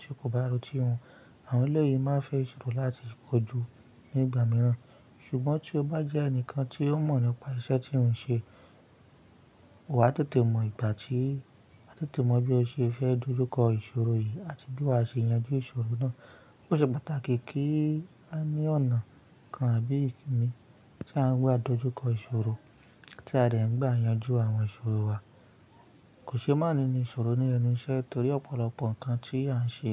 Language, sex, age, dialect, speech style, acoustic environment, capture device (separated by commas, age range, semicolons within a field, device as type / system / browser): Yoruba, male, 25-34, Nigeria - Ibadan, stressed, nature; silence, mobile / Linux / Chrome